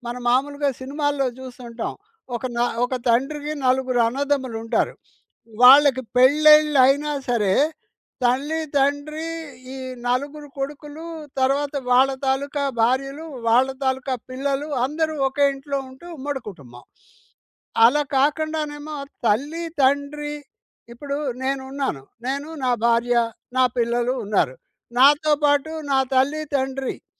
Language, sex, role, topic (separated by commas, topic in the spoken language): Telugu, male, guest, అమ్మమ్మ వండే వంటల గురించి మీ మనసులో నిలిచిపోయిన జ్ఞాపకాలు ఏవి?